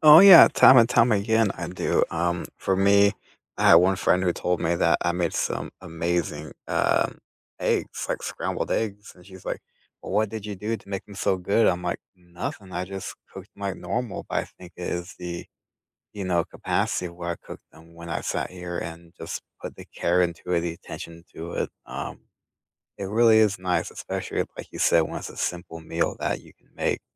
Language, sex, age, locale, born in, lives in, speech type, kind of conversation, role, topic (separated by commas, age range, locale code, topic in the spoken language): English, male, 30-34, en-US, United States, United States, conversation, unstructured, none, What actually feels good to you when your love language is in action?